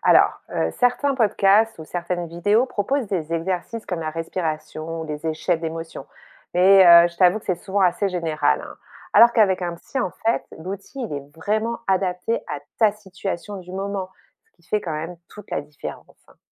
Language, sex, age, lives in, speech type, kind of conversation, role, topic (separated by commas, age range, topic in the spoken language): French, female, 45-49, France, read, expert-assistant, expert, Peut-on vraiment faire confiance aux conseils en santé mentale qu’on voit dans les podcasts populaires ?